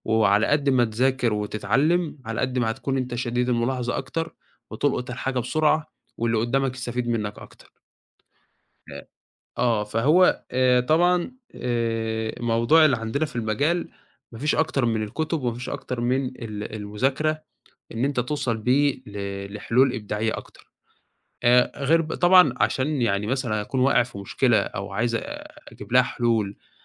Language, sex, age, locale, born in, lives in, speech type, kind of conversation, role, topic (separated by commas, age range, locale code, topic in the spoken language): Arabic, male, 20-24, ar-EG, Egypt, Egypt, conversation, podcast, guest, بتعمل إيه لما تحس إنك متعطل إبداعيًا؟